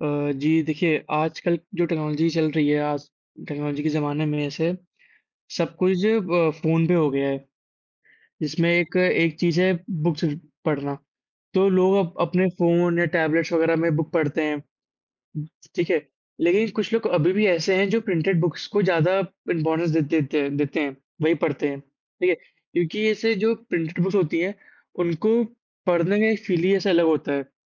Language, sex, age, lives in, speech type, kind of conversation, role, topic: Hindi, male, 18-19, India, conversation, unstructured, none, आपके लिए ई-पुस्तकों और मुद्रित पुस्तकों में क्या अंतर है?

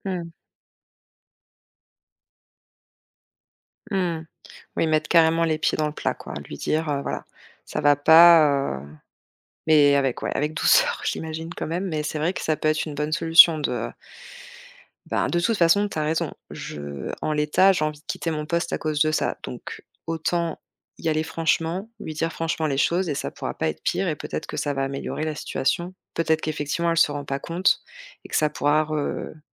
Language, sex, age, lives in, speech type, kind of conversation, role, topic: French, female, 35-39, France, conversation, advice, user, Comment faire face à une perte de sens au travail malgré la sécurité salariale ?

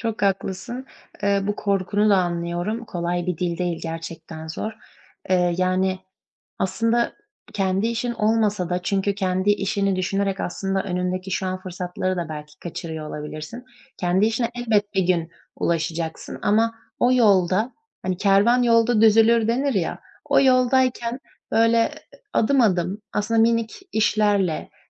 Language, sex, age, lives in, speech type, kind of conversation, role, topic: Turkish, female, 20-24, Germany, conversation, advice, advisor, Sürekli erteleme döngüsünü kırarak yeni bir projeye nasıl başlayabilirim?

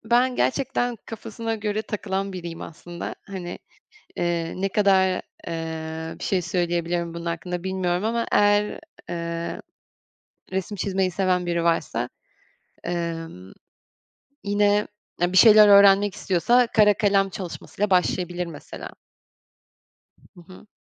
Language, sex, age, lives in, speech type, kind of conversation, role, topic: Turkish, female, 25-29, France, conversation, podcast, guest, Seni en çok mutlu eden hobin nedir?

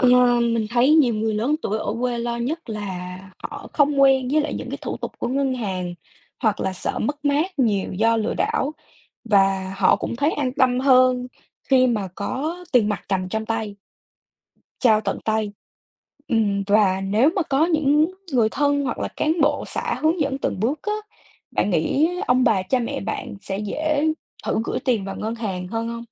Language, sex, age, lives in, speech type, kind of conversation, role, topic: Vietnamese, female, 20-24, United States, read, expert-assistant, expert, Bạn nghĩ vì sao những thói quen tài chính cá nhân lại khác biệt giữa vùng thành phố và nông thôn ở Việt Nam?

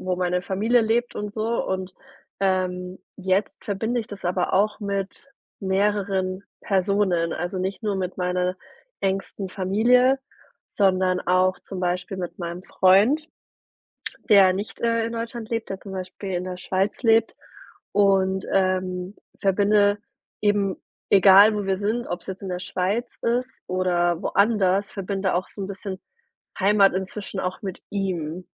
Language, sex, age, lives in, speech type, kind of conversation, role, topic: German, female, 30-34, Germany, conversation, podcast, guest, Was bedeutet Heimat für dich heute?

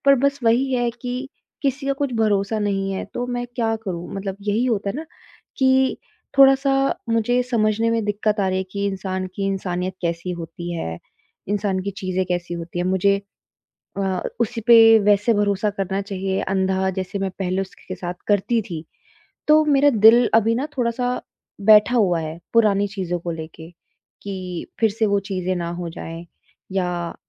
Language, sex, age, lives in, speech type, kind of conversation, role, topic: Hindi, female, 25-29, India, conversation, advice, user, नया रिश्ता शुरू करने का डर और फिर से भरोसा करना मुश्किल लगना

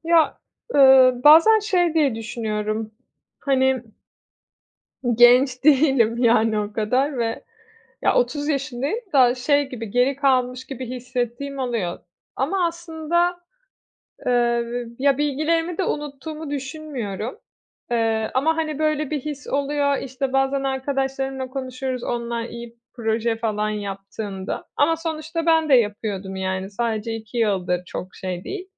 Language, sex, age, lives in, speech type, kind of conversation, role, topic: Turkish, female, 30-34, Italy, conversation, advice, user, İşten ayrılma zamanının gelip gelmediğini nasıl anlayabilirim?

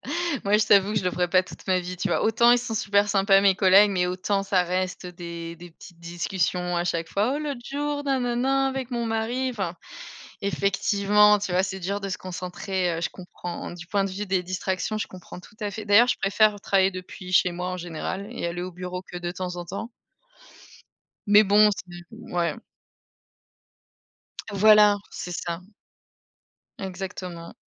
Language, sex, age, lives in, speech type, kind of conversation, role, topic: French, female, 30-34, Germany, conversation, unstructured, none, Comment trouves-tu l’équilibre entre le travail et la vie personnelle ?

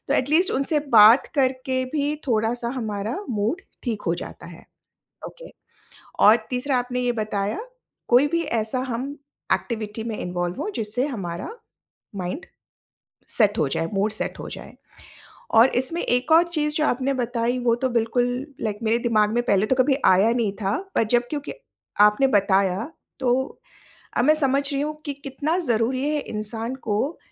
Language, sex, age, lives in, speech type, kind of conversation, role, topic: Hindi, female, 45-49, India, conversation, podcast, host, Jab motivation low hota tha, tum kya karte the?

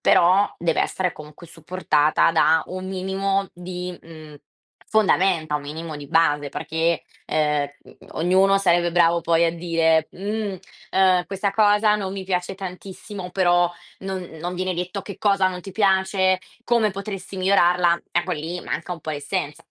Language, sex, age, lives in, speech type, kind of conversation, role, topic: Italian, female, 20-24, Italy, conversation, advice, advisor, Cosa intende davvero chi mi critica quando dice che il mio lavoro è confuso?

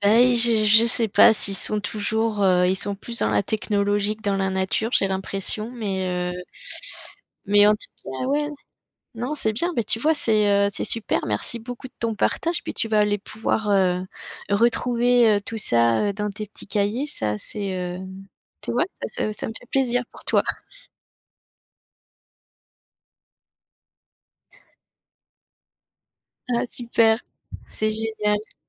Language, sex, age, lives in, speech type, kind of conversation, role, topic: French, female, 50-54, France, conversation, podcast, host, Qu’est-ce qui provoque le changement de couleur des feuilles en automne ?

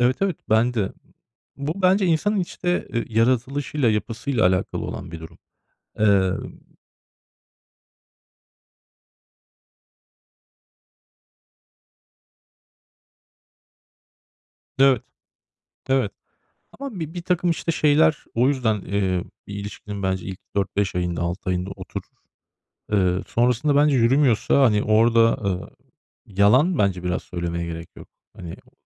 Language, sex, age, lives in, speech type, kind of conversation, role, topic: Turkish, male, 35-39, Germany, conversation, unstructured, none, Sadakat bir ilişkide senin için ne kadar önemlidir?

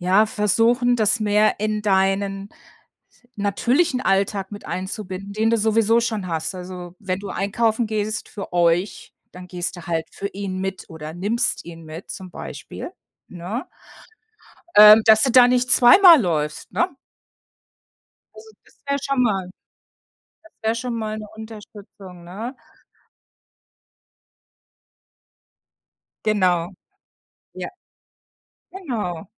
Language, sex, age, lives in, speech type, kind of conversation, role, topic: German, female, 55-59, United States, conversation, advice, advisor, Wie beeinträchtigt die Pflege eines älteren Familienmitglieds deine eigene Lebensgestaltung?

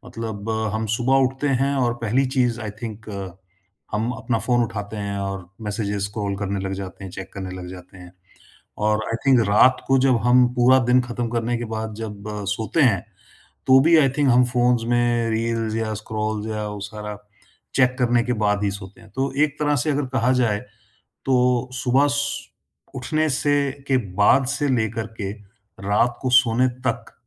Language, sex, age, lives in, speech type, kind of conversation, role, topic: Hindi, male, 50-54, India, conversation, podcast, host, Phone aur family time kaise balance karte ho, yaar?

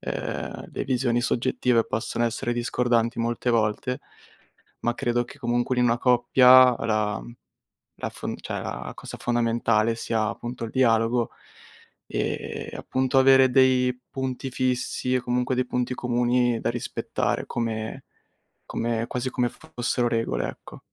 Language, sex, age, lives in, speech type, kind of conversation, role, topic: Italian, male, 20-24, Italy, conversation, advice, advisor, Come posso gestire i litigi con il partner dovuti a differenze su spese e risparmio?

